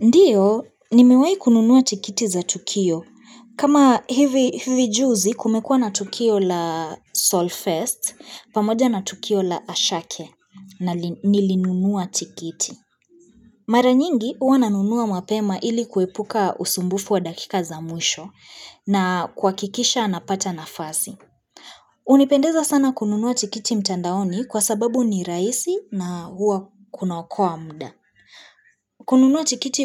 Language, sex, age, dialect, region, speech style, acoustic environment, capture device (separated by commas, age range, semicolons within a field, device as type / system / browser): Swahili, female, 25-34, Kenya - Nairobi Sheng-influenced, Kenya, relaxed, appliances; silence, mobile / Linux / Chrome